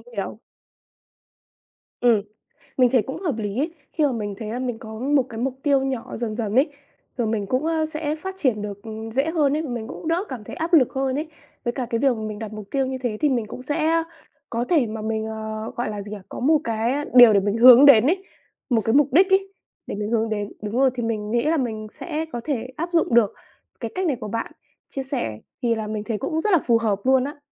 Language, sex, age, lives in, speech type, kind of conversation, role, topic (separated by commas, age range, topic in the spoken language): Vietnamese, female, 20-24, Vietnam, conversation, advice, user, Làm sao để lập lộ trình phát triển nghề nghiệp hiệu quả?